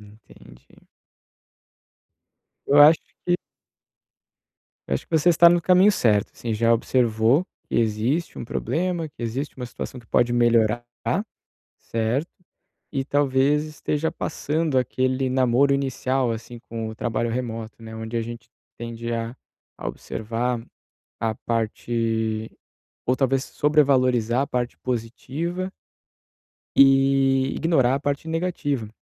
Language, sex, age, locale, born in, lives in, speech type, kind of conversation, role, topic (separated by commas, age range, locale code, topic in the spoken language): Portuguese, male, 30-34, pt-BR, Brazil, Italy, conversation, advice, advisor, Como posso parar de me sabotar e mudar hábitos ruins?